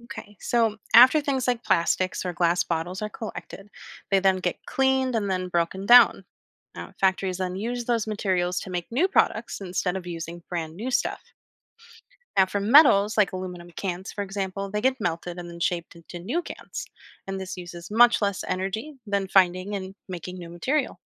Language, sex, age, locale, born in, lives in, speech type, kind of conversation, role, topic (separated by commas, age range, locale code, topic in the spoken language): English, female, 30-34, en-US, United States, United States, read, expert-assistant, expert, How does recycling help reduce pollution?